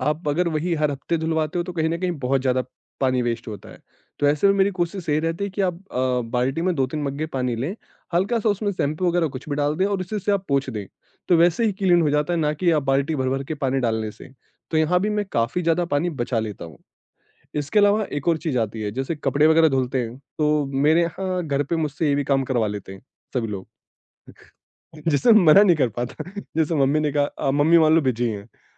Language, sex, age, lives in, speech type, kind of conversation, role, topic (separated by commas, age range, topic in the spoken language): Hindi, male, 25-29, India, conversation, podcast, guest, Water conservation ko hum apni daily life mein kaise implement kar sakte hain?